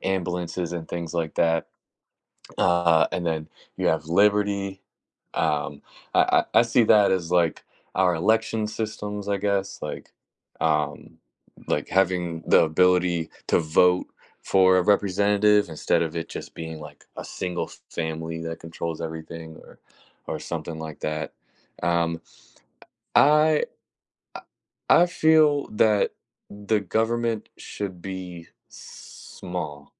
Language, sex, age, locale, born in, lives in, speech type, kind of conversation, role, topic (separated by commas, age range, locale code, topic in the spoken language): English, male, 20-24, en-US, United States, United States, conversation, unstructured, none, What role should the government play in our daily lives?